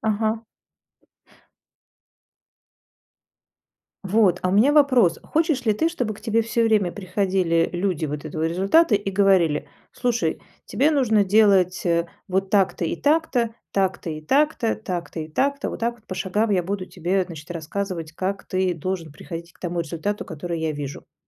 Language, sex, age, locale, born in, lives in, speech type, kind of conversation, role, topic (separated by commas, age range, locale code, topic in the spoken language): Russian, female, 45-49, ru-RU, Russia, Germany, conversation, podcast, host, Что помогает вам доводить проекты до конца?